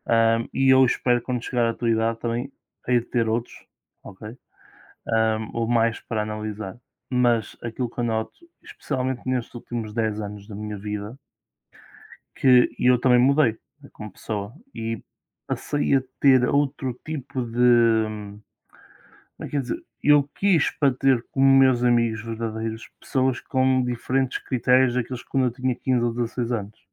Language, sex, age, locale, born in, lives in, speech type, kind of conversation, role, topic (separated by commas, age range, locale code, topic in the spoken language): Portuguese, male, 20-24, pt-PT, Portugal, Portugal, conversation, unstructured, none, O que deixa você feliz em um encontro com amigos?